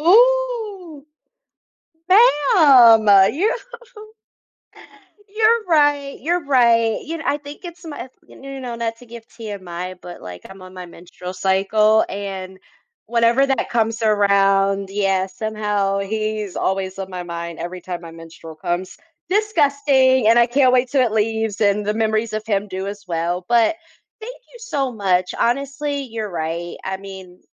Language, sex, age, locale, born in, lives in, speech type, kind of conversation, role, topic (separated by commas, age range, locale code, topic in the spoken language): English, female, 30-34, en-US, United States, United States, conversation, advice, user, How can I improve communication with my partner?